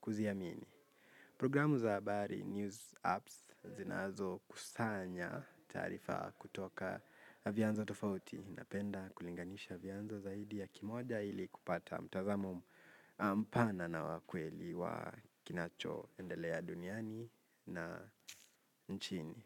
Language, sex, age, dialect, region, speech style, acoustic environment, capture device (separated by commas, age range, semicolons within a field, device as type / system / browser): Swahili, male, 18-24, Kenya - Nairobi Sheng-influenced, Kenya, focused, silence, mobile / Linux / Chrome